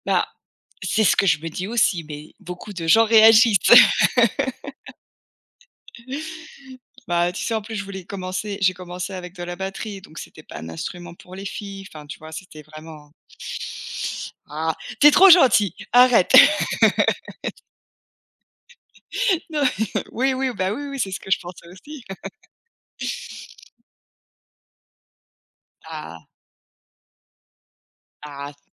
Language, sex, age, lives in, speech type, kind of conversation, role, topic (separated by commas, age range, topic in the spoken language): French, female, 40-44, United States, conversation, unstructured, none, Comment réagis-tu quand quelqu’un critique ton passe-temps ?